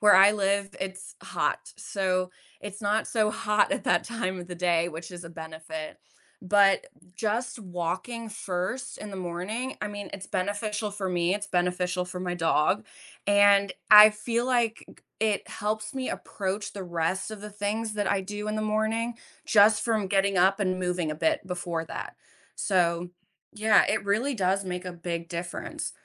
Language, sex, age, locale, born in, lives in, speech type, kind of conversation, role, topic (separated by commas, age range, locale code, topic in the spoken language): English, female, 30-34, en-US, United States, United States, conversation, podcast, guest, What are some effective ways to handle stress and maintain well-being in daily life?